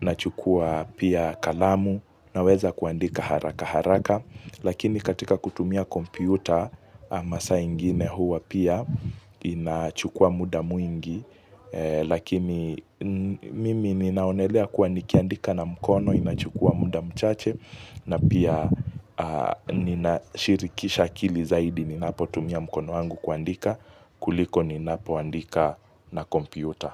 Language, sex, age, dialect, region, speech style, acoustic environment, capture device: Swahili, male, 18-24, Kenya - Mombasa, Kenya, focused, nature, mobile / Linux / Chrome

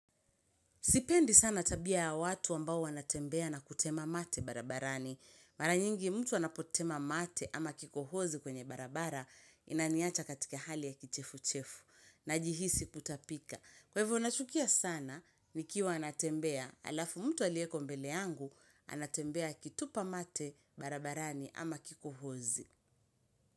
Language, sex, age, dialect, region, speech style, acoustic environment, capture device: Swahili, female, 25-34, Kenya - Mombasa, Kenya, relaxed; happy, silence, mobile / Linux / Chrome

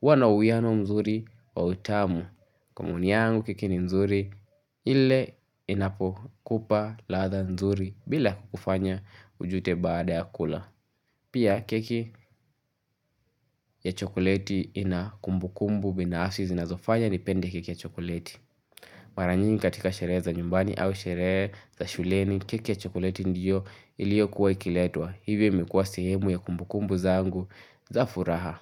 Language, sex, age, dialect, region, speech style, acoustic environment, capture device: Swahili, male, 18-24, Kenya - Nairobi Sheng-influenced, Kenya, happy, nature, mobile / Linux / Chrome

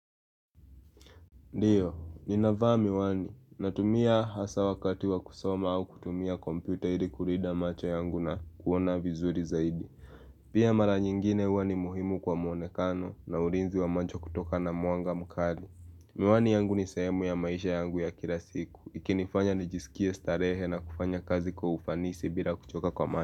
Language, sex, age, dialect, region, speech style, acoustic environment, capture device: Swahili, male, 18-24, Kenya - Nairobi Sheng-influenced, Kenya, happy; nervous; focused, people talking; appliances; nature; music, mobile / Linux / Chrome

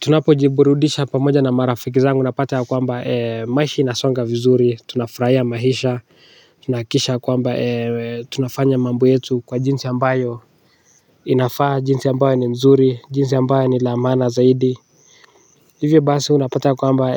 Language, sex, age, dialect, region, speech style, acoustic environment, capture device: Swahili, male, 18-24, Kenya - Nairobi Sheng-influenced, Kenya, relaxed; focused, silence, desktop / Linux / Chrome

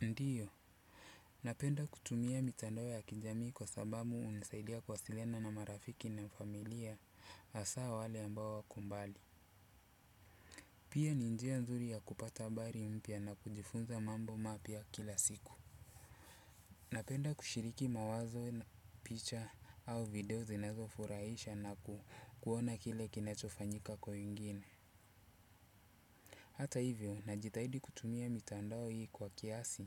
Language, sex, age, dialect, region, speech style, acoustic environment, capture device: Swahili, male, 35-44, Kenya - Mombasa, Kenya, relaxed, silence, desktop / Windows / Chrome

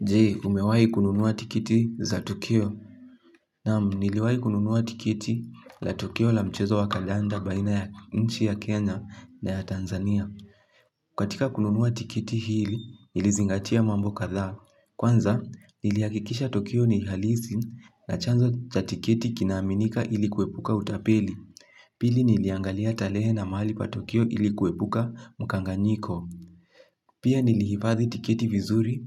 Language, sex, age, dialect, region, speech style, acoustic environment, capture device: Swahili, male, 18-24, Kenya - Kiambu, Kenya, nervous, silence, mobile / Linux / Chrome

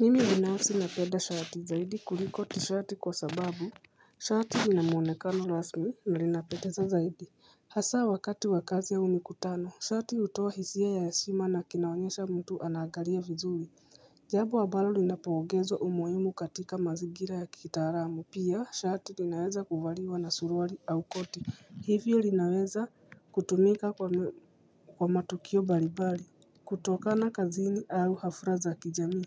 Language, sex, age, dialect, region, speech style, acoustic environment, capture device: Swahili, female, 35-44, Kenya - Nairobi Sheng-influenced, Kenya, nervous, silence, mobile / Linux / Chrome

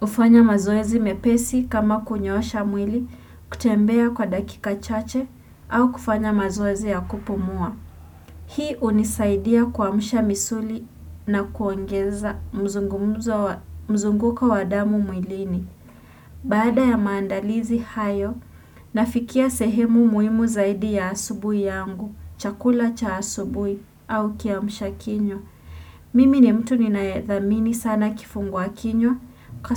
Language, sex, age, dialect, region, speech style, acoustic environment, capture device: Swahili, female, 18-24, Kenya - Nairobi Sheng-influenced, Kenya, anxious; focused; relaxed, nature, desktop / Windows / Chrome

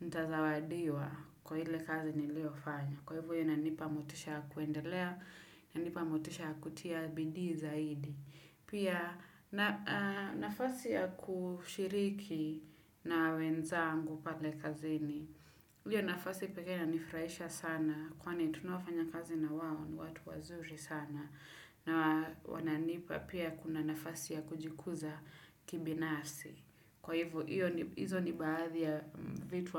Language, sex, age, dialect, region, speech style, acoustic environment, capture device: Swahili, female, 25-34, Kenya - Nairobi Sheng-influenced, Kenya, focused, silence, desktop / Windows / Chrome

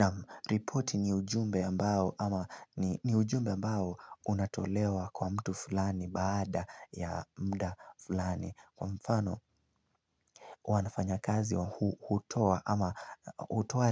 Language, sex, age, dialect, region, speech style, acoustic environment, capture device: Swahili, male, 18-24, Kenya - Nairobi Sheng-influenced, Kenya, focused; relaxed, people talking; music; nature, mobile / Linux / Chrome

